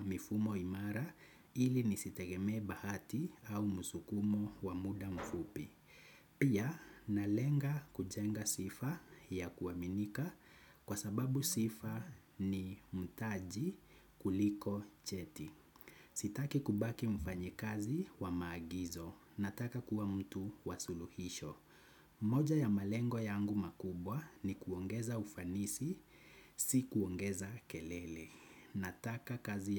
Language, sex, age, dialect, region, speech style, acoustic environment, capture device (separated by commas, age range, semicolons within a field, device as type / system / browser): Swahili, male, 25-34, Kenya - Nairobi Sheng-influenced, Kenya, anxious, nature, desktop / Windows / Chrome